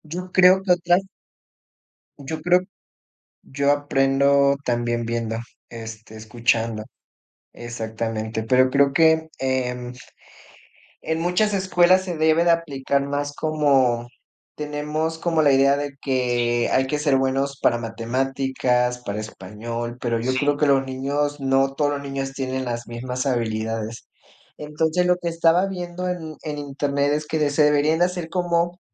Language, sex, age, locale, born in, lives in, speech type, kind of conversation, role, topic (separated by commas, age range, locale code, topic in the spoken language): Spanish, male, 25-29, es-MX, Mexico, Mexico, conversation, unstructured, none, ¿Crees que las tareas realmente ayudan a aprender?